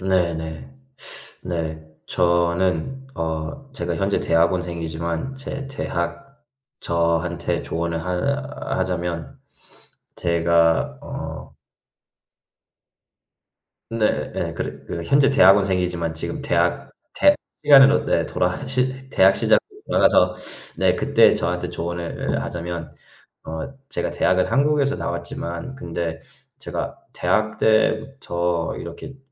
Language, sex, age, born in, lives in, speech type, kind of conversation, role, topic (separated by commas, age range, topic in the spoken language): Korean, male, 25-29, United States, United States, conversation, unstructured, none, 어린 시절로 돌아갈 수 있다면 그때의 나에게 어떤 조언을 해 주고 싶으신가요?